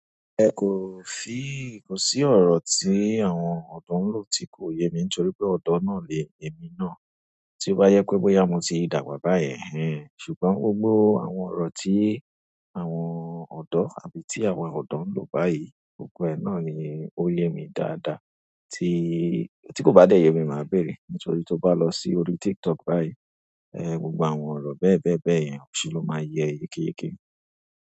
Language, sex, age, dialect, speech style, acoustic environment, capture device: Yoruba, male, 25-34, Nigeria - Lagos Yoruba, relaxed, nature, mobile / Android / Chrome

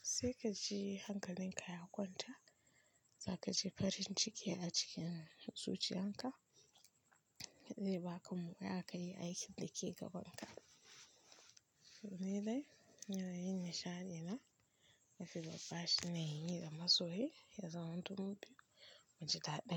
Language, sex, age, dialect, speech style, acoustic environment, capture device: Hausa, female, 25-34, Nigeria - Kano, focused, nature, mobile / Linux / Chrome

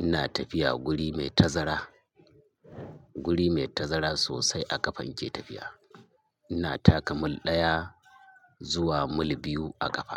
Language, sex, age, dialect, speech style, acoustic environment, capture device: Hausa, male, 45-54, Nigeria - Kano, nervous; excited; focused; stressed; happy; anxious; tired; relaxed, traffic; construction; appliances; people talking; music; nature; silence, mobile / Linux / Chrome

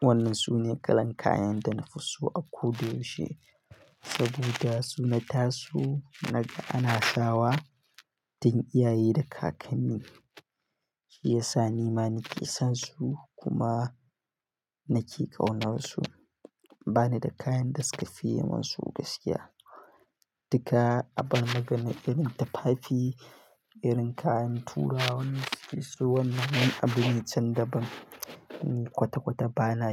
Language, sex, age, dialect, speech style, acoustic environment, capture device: Hausa, male, 18-24, Nigeria - Katsina, happy; focused; stressed; excited; nervous; relaxed; tired; anxious, silence; construction; traffic; nature; music; people talking; appliances, mobile / Linux / Chrome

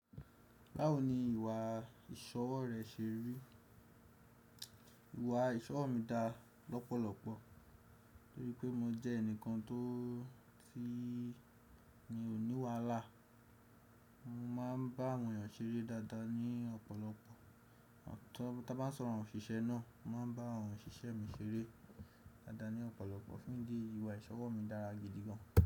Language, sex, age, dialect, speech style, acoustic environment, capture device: Yoruba, male, 18-24, Nigeria - Nigerian Standard, happy; excited; relaxed, silence, desktop / Windows / Chrome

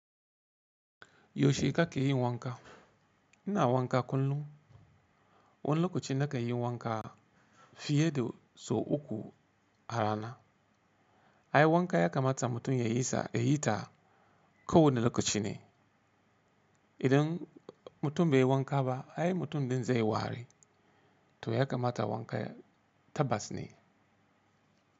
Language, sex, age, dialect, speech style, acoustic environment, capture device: Hausa, male, 35-44, Nigeria - Katsina, relaxed, silence, mobile / Linux / Chrome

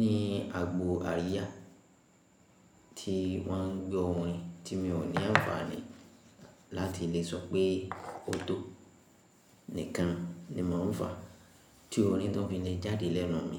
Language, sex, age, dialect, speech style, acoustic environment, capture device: Yoruba, female, 25-34, Nigeria - Ibadan, happy; relaxed; focused; excited, silence, mobile / Linux / Chrome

